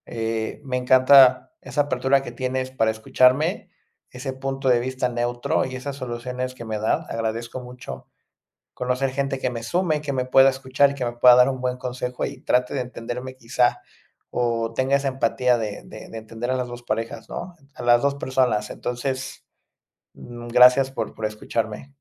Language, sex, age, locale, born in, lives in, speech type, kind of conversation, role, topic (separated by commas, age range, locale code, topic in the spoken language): Spanish, male, 30-34, es-MX, Mexico, Mexico, conversation, advice, user, ¿Con quién sueles discutir por dinero y qué temas lo detonan con más frecuencia?